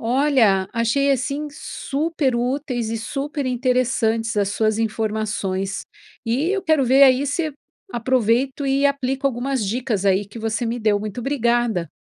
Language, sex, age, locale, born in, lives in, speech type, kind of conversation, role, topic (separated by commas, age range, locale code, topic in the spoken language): Portuguese, female, 50-54, pt-BR, Brazil, United States, read, expert-assistant, asker, Como posso ajudar a conservar a vida selvagem morando em São Paulo?